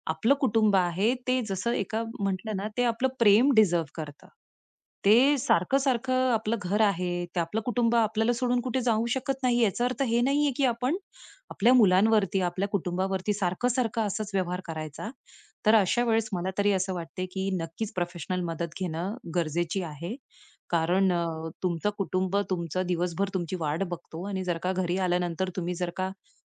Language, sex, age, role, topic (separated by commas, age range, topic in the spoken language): Marathi, female, 35-39, guest, मूड खराब असताना काम कसे सुरू कराल?